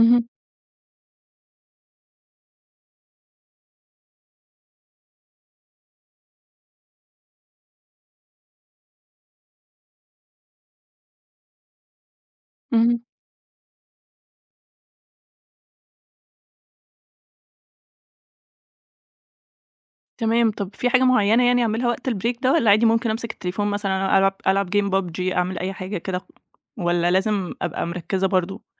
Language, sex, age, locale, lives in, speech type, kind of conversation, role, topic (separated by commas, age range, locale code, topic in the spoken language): Arabic, female, 30-34, ar-EG, Egypt, conversation, advice, user, إزاي أبطل أأجّل المهام المهمة لحد ما يقرب معاد التسليم؟